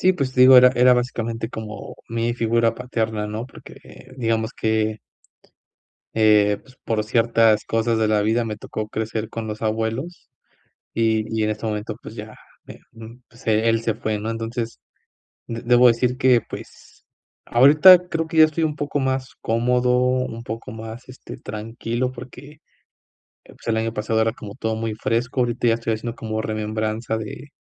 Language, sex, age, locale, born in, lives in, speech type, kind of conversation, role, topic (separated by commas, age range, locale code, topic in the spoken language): Spanish, male, 25-29, es-MX, Mexico, Mexico, conversation, advice, user, ¿Cómo puedo aceptar el cambio y seguir adelante?